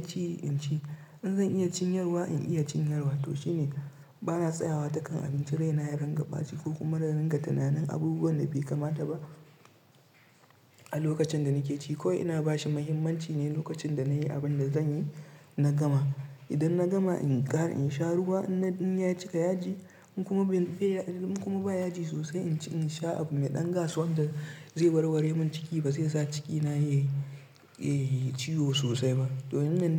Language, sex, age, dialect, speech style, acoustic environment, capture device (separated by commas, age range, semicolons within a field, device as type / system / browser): Hausa, male, 25-34, Nigeria - Nigerian Standard, relaxed; tired; stressed; focused, nature; silence, mobile / Linux / Chrome